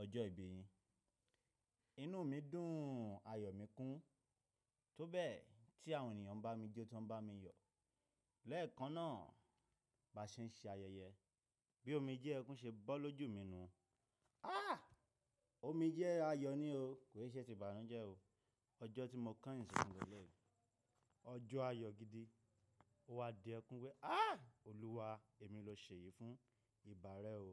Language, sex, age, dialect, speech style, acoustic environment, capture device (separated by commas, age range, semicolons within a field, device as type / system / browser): Yoruba, male, 25-34, Nigeria - Nigerian Standard, happy, silence; nature, mobile / Linux / Chrome